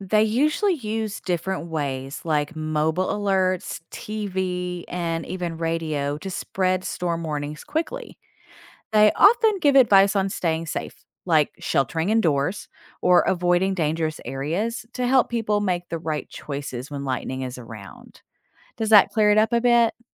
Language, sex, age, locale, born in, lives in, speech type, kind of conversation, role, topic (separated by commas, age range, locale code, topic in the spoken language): English, female, 40-44, en-US, United States, United States, read, expert-assistant, expert, What causes lightning and thunder during storms?